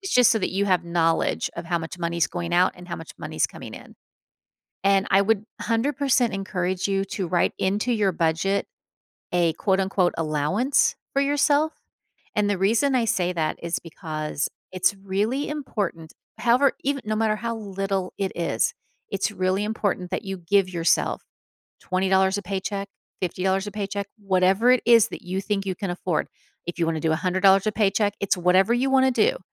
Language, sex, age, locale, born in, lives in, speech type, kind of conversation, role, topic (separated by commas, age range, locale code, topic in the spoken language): English, female, 50-54, en-US, United States, United States, conversation, advice, advisor, How should I decide about moving for a job in one month?